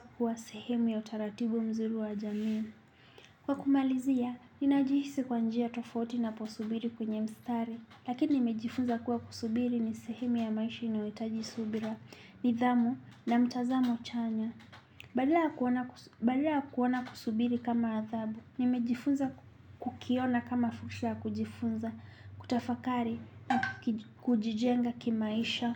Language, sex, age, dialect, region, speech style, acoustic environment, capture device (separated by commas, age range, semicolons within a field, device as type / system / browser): Swahili, male, 25-34, Kenya - Nairobi Sheng-influenced, Kenya, relaxed, nature, desktop / Linux / Chrome